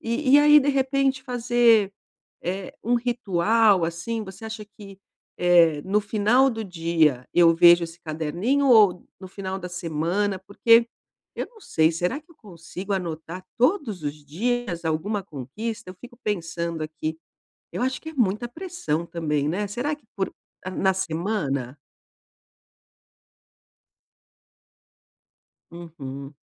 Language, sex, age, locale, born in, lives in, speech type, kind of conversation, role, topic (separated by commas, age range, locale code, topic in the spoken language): Portuguese, female, 50-54, pt-BR, Brazil, Portugal, conversation, advice, user, Como posso reconhecer pequenas conquistas diárias?